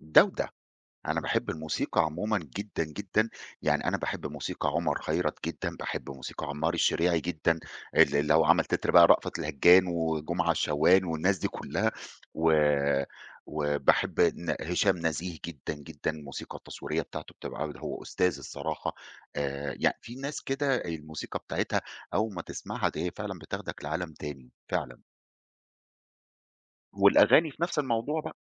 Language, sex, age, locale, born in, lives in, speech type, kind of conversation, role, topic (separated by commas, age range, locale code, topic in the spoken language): Arabic, male, 40-44, ar-EG, Egypt, Egypt, conversation, podcast, guest, ازاي موسيقى بلدك بتأثر على هويتك؟